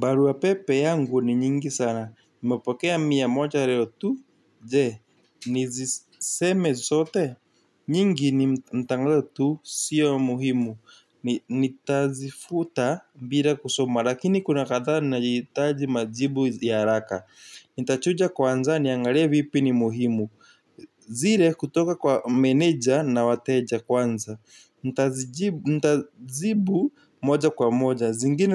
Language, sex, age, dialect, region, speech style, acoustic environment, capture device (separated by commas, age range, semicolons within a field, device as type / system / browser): Swahili, male, 18-24, Kenya - Nairobi Sheng-influenced, Kenya, nervous; excited; happy; tired; relaxed, appliances; silence; nature, mobile / Linux / Chrome